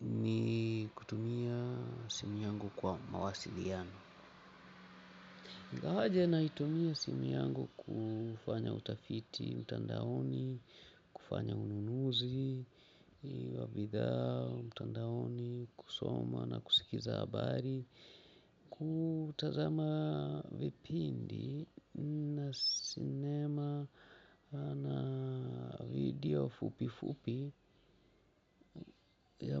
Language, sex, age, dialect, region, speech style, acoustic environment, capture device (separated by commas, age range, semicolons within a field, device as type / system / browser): Swahili, male, 45-54, Kenya - Mombasa, Kenya, happy, people talking; music; traffic, mobile / Linux / Chrome